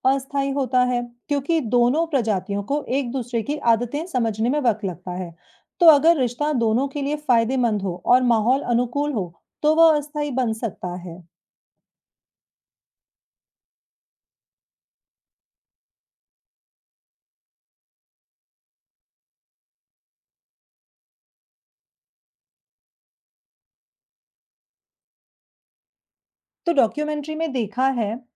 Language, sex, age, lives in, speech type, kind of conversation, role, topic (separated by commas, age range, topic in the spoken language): Hindi, female, 35-39, India, read, expert-assistant, asker, समुद्री जीवों के बीच सहजीवन (symbiosis) के लिए कोई रोचक वास्तविक जीवन उदाहरण बताइए, जिसे हम अपने रोज़मर्रा के रिश्तों से तुलना कर सकें।